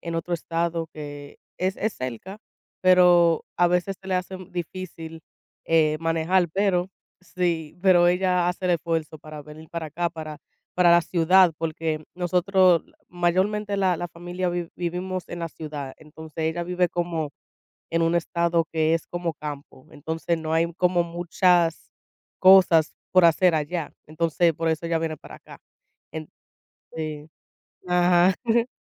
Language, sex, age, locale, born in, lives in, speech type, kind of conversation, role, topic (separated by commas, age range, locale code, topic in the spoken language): Spanish, female, 25-29, es-US, United States, United States, conversation, unstructured, none, ¿Qué actividades disfrutas hacer con tu familia?